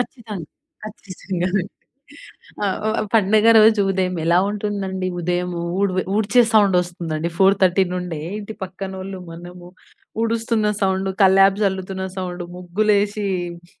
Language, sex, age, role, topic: Telugu, female, 20-24, guest, మీ ఇంటి ఉదయం ఎలా ప్రారంభమవుతుంది?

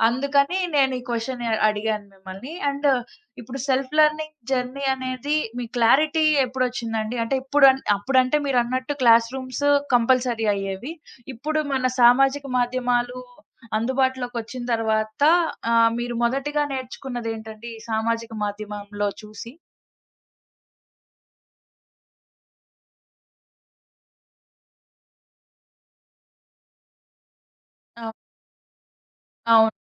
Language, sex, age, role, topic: Telugu, female, 20-24, host, నీ స్వీయ అధ్యయన యాత్ర ఎలా మొదలయ్యింది?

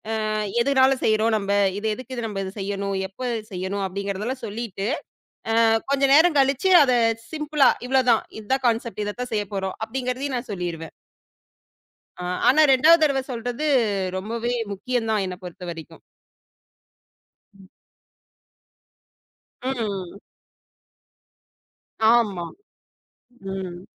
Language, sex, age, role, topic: Tamil, female, 25-29, guest, செய்திகளை மீண்டும் சொல்லி உறுதி செய்வதால் என்ன பயன் கிடைக்கிறது?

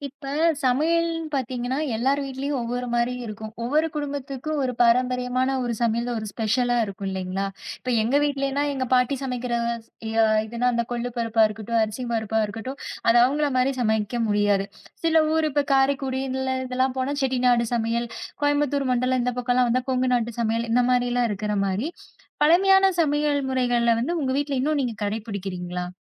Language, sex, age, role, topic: Tamil, female, 30-34, host, உங்கள் வீட்டில் இன்னும் கடைபிடிக்கப்படும் பழமையான சமையல் முறைகள் எவை?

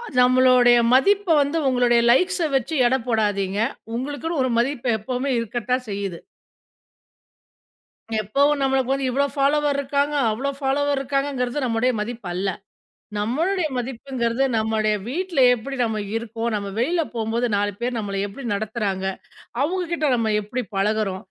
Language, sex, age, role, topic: Tamil, female, 40-44, guest, இன்றைய இளம் தலைமுறைக்குப் நீங்கள் என்ன சொல்ல விரும்புகிறீர்கள்?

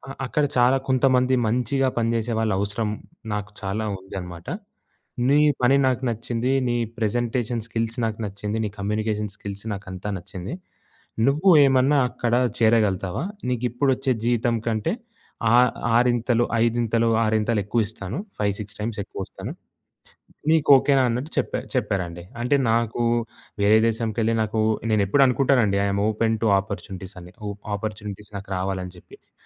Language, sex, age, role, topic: Telugu, male, 20-24, guest, మీ జీవితాన్ని మార్చిన ఒక అనుకోని పరిచయం గురించి చెప్పగలరా?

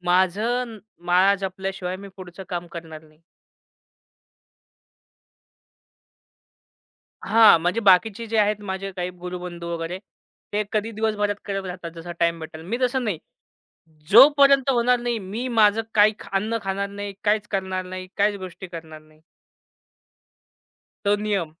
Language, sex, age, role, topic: Marathi, male, 25-29, guest, नव्याने घडवलेल्या ‘मी’ला टिकवून ठेवण्यासाठी तू काय करशील?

